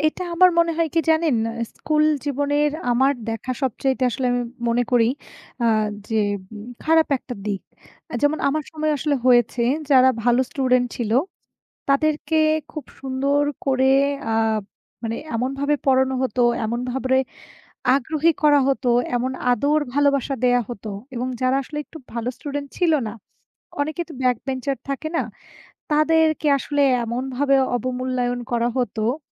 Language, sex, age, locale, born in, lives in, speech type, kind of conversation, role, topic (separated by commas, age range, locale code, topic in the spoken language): Bengali, female, 25-29, bn-BD, Bangladesh, Bangladesh, conversation, unstructured, none, আপনার মতে স্কুলে কোন বিষয়টি সবচেয়ে গুরুত্বপূর্ণ, এবং কেন?